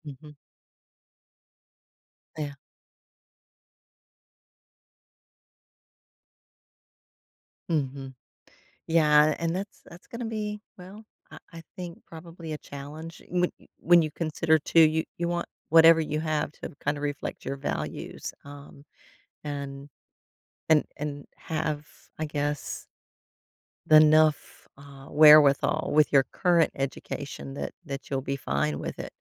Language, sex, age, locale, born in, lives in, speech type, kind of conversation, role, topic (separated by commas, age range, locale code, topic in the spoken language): English, female, 55-59, en-US, United States, United States, conversation, advice, advisor, How can I get unstuck in my career?